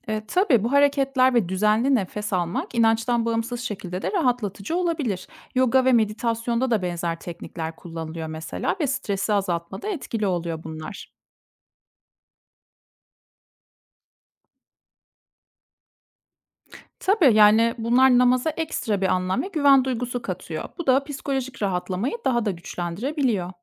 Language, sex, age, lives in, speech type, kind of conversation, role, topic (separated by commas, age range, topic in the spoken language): Turkish, female, 30-34, Germany, read, expert-assistant, expert, Namaz nedir ve insanlar bu uygulamayı neden yapar?